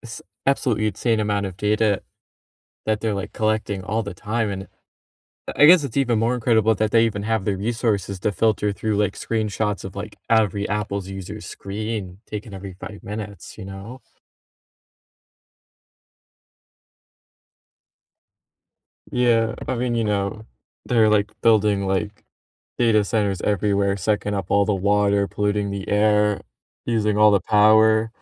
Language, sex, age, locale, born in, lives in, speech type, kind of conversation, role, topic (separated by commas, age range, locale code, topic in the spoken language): English, male, 20-24, en-US, United States, United States, conversation, unstructured, none, How do you feel about companies tracking your online activity?